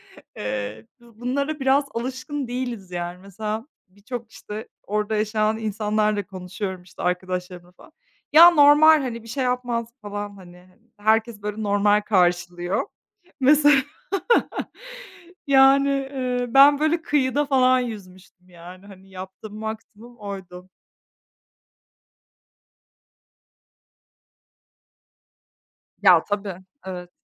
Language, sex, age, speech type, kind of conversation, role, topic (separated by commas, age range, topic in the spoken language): Turkish, female, 30-34, conversation, unstructured, none, En unutulmaz tatil anın hangisiydi?